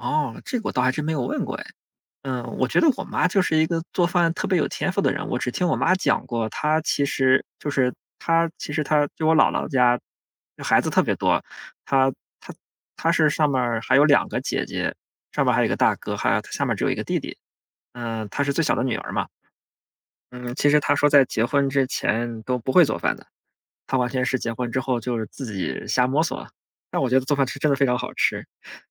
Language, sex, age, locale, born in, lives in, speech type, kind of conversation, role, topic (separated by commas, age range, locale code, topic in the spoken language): Chinese, male, 30-34, zh-CN, China, Germany, conversation, podcast, guest, 你家有没有什么家传菜谱可以跟大家分享吗？